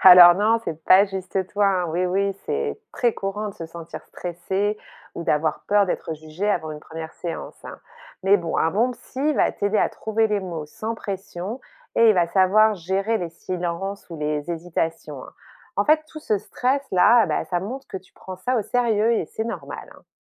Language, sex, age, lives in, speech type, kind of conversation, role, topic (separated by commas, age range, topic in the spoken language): French, female, 45-49, France, read, expert-assistant, expert, Peut-on vraiment faire confiance aux conseils en santé mentale qu’on voit dans les podcasts populaires ?